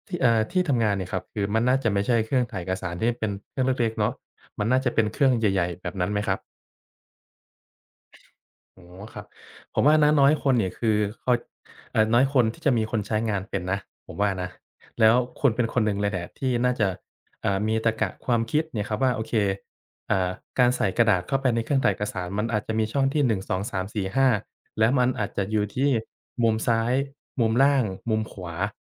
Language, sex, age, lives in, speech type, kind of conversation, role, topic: Thai, male, 25-29, Thailand, conversation, advice, advisor, ฉันควรกำหนดขอบเขตอย่างไรเมื่อถูกขอความช่วยเหลือตลอดวัน?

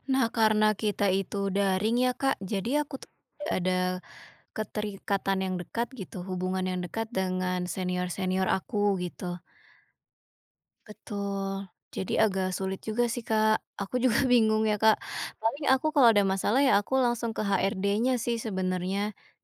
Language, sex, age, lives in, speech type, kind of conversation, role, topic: Indonesian, female, 25-29, Indonesia, conversation, advice, user, Bagaimana cara meminta kenaikan gaji setelah bekerja lama di perusahaan?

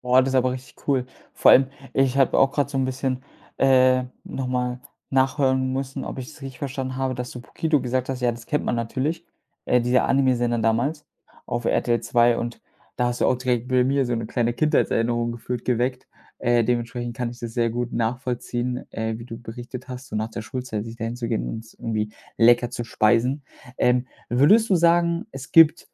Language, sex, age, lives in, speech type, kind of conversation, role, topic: German, male, 25-29, Germany, conversation, podcast, host, Welches Gericht weckt bei dir sofort Kindheitserinnerungen?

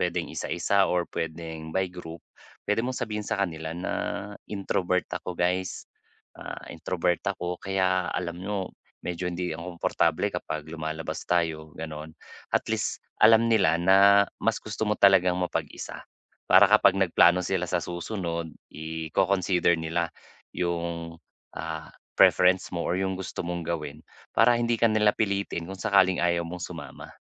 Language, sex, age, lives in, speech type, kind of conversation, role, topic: Filipino, male, 35-39, Philippines, conversation, advice, advisor, Paano ko mababalanse ang pakikisama at oras para sa sarili?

